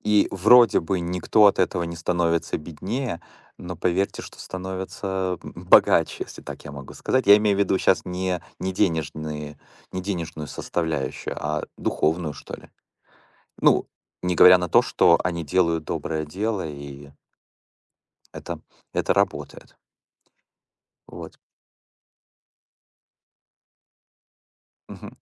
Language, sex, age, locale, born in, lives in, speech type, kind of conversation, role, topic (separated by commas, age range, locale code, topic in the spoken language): Russian, male, 45-49, ru-RU, Ukraine, United States, conversation, unstructured, none, Как вы думаете, что помогает людям чувствовать себя частью общества?